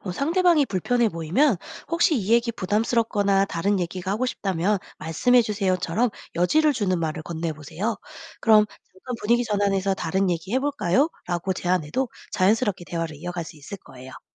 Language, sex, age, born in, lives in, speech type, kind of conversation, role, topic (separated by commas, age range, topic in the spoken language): Korean, female, 40-44, South Korea, United States, read, expert-assistant, expert, 일상생활에서 인류학 지식을 어떻게 활용해 볼 수 있을까요? 직접 실천해볼 수 있는 방법이 궁금해요.